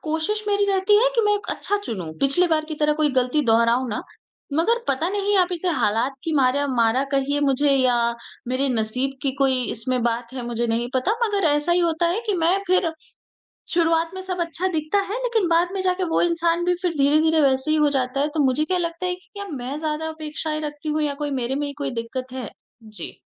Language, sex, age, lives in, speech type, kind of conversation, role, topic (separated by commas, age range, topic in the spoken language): Hindi, female, 20-24, India, conversation, advice, user, आप बार-बार एक ही तरह के खराब रिश्तों में क्यों पड़ जाते हैं?